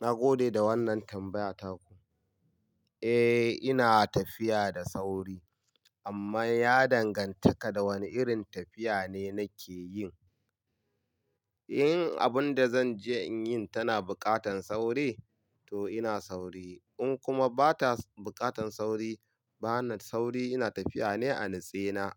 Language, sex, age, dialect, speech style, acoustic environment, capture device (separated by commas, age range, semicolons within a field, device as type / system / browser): Hausa, male, 25-34, Nigeria - Kano, happy; relaxed; focused; excited, silence; nature; traffic; people talking, mobile / Linux / Chrome